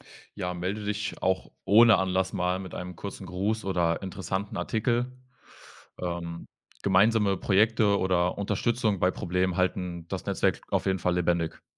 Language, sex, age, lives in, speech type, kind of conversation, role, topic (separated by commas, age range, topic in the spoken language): German, male, 20-24, Germany, read, expert-assistant, expert, Wie kann ich entscheiden, ob ein Bildungssystem mir wirklich das gibt, was ich für meinen Lebensweg brauche?